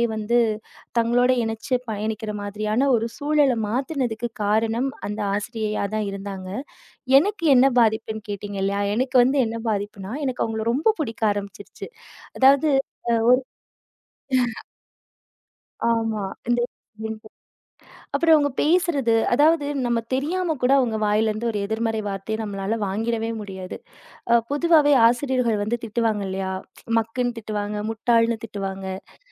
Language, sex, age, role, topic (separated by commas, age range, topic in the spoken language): Tamil, female, 30-34, guest, கல்வியில் ஒரு ஆசிரியரின் தாக்கம் எவ்வளவு முக்கியம் என்று நீங்கள் நினைக்கிறீர்கள்?